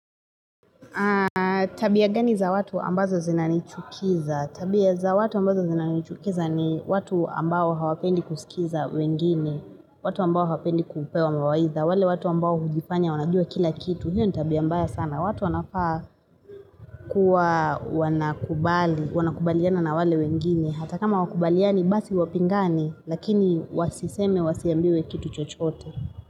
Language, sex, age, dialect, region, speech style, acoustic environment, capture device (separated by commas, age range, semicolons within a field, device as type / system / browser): Swahili, female, 25-34, Kenya - Nairobi Sheng-influenced, Kenya, relaxed, nature, mobile / Linux / Chrome